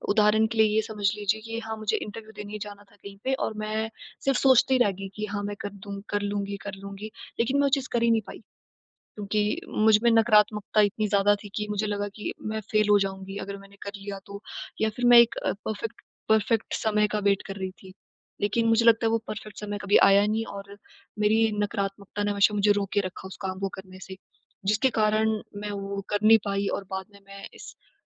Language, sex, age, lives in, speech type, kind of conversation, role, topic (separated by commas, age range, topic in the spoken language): Hindi, female, 20-24, India, conversation, advice, user, मैं नकारात्मक पैटर्न तोड़ते हुए नए व्यवहार कैसे अपनाऊँ?